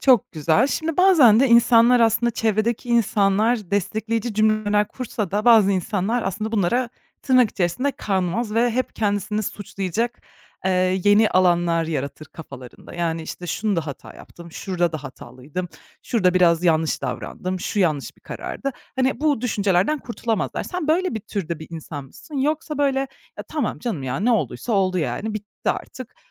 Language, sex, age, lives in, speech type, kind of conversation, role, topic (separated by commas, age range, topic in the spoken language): Turkish, female, 25-29, Germany, conversation, podcast, host, Bir başarısızlık hikâyeni benimle paylaşır mısın?